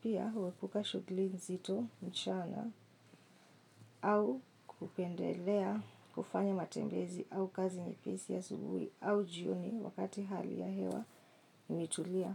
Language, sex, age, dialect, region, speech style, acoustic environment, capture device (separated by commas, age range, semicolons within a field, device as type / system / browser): Swahili, female, 25-34, Kenya - Nairobi Sheng-influenced, Kenya, happy; excited, silence, mobile / Linux / Chrome